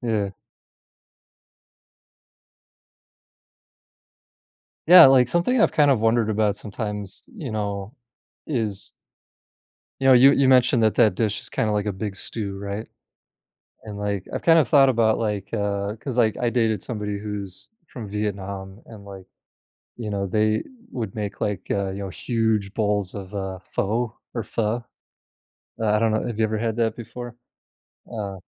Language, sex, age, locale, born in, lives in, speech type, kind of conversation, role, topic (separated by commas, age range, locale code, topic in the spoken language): English, male, 35-39, en-US, United States, United States, conversation, podcast, host, How does food reflect cultural background?